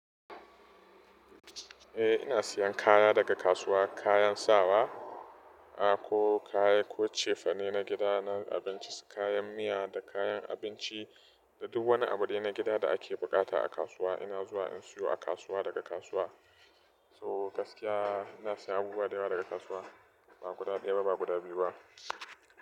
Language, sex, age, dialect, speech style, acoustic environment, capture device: Hausa, male, 25-34, Nigeria - Nigerian Standard, happy; relaxed, construction, mobile / Linux / Chrome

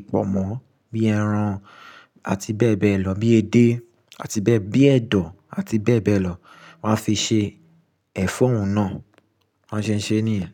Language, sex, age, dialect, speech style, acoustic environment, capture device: Yoruba, male, 25-34, Nigeria - Lagos Yoruba, relaxed, silence, mobile / Linux / Chrome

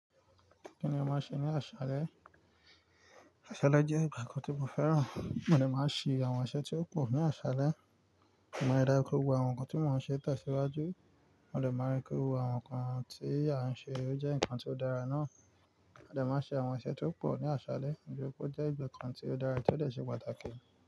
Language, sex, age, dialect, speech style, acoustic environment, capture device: Yoruba, male, 25-34, Nigeria - Nigerian Standard, relaxed, nature, mobile / Linux / Chrome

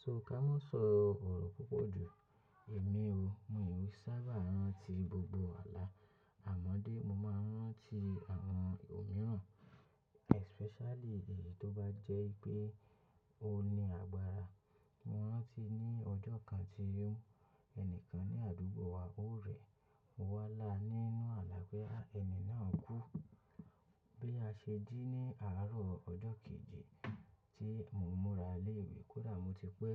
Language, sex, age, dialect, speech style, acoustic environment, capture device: Yoruba, male, 25-34, Nigeria - Lagos Yoruba, focused, nature, mobile / macOS / Safari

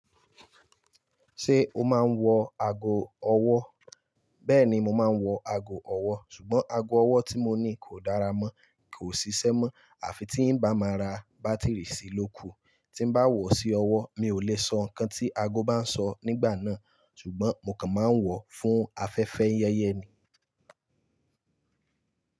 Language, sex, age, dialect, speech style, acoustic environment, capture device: Yoruba, male, 25-34, Nigeria - Lagos Yoruba, focused, appliances; silence, mobile / Linux / Chrome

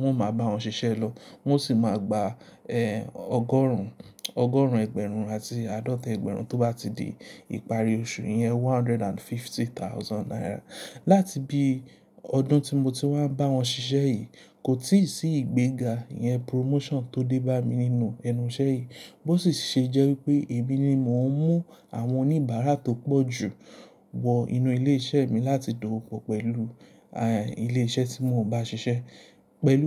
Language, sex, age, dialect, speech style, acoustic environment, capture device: Yoruba, male, 25-34, Nigeria - Lagos Yoruba, relaxed, silence, mobile / Linux / Chrome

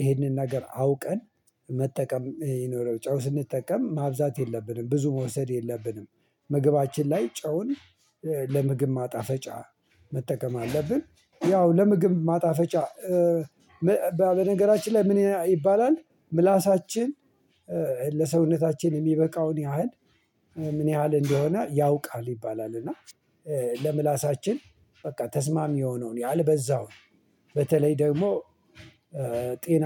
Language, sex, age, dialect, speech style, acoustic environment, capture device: Amharic, male, 45-54, Ethiopia - Addis Ababa, relaxed, silence, mobile / Linux / Chrome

